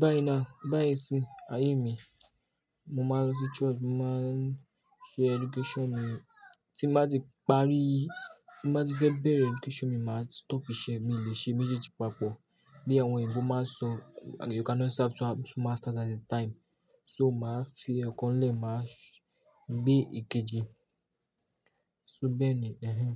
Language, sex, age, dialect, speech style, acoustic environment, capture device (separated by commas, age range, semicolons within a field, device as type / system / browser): Yoruba, male, 18-24, Nigeria - Lagos Yoruba, relaxed, people talking; appliances; nature, mobile / Linux / Chrome